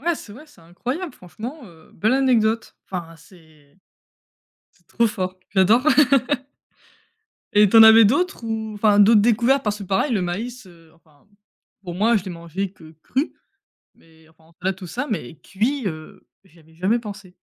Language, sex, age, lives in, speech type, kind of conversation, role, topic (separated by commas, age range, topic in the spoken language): French, female, 25-29, France, conversation, unstructured, none, As-tu une anecdote surprenante à raconter sur un voyage ?